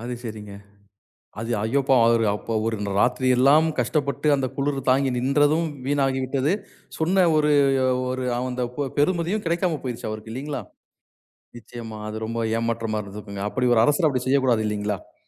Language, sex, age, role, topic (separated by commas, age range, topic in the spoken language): Tamil, male, 40-44, host, உங்களுக்குப் பிடித்த ஒரு கதையைப் பற்றி சொல்ல முடியுமா?